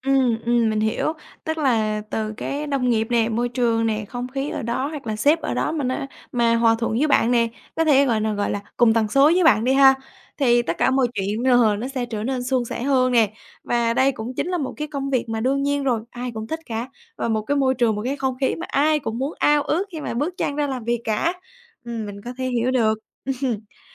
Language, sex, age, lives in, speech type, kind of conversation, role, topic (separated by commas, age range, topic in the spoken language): Vietnamese, female, 20-24, Vietnam, conversation, podcast, host, Bạn đã từng chọn công việc vì tiền hay vì ý nghĩa?